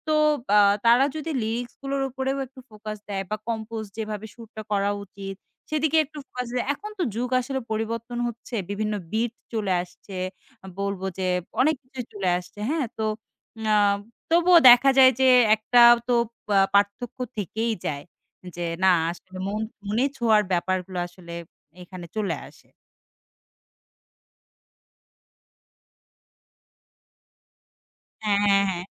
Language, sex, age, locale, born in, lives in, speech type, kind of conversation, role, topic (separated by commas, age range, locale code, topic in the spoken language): Bengali, female, 25-29, bn-BD, Bangladesh, Bangladesh, conversation, podcast, guest, গান শুনতে শুরু করলেই আপনার প্রথম অনুভূতি কী হয়?